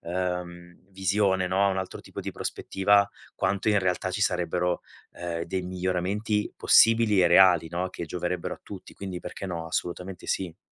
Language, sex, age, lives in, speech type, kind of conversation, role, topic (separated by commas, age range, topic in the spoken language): Italian, male, 40-44, Italy, conversation, advice, advisor, Come posso gestire troppe riunioni che riducono il tempo per il lavoro profondo e aumentano lo stress?